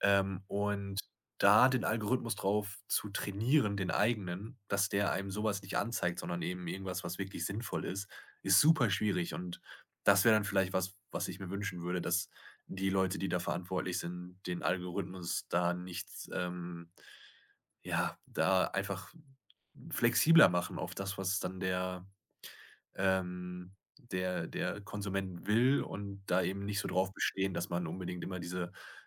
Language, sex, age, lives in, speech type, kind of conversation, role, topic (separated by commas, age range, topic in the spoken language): German, male, 25-29, Germany, conversation, podcast, guest, Woran merkst du, ob dir soziale Medien guttun oder schaden?